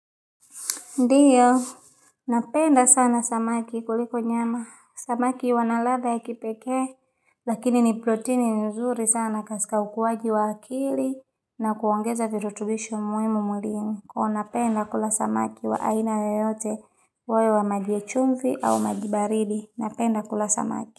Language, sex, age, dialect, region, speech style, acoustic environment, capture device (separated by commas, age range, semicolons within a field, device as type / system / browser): Swahili, female, 25-34, Tanzania - Dar es Salaam, Tanzania, relaxed, nature, mobile / Linux / Chrome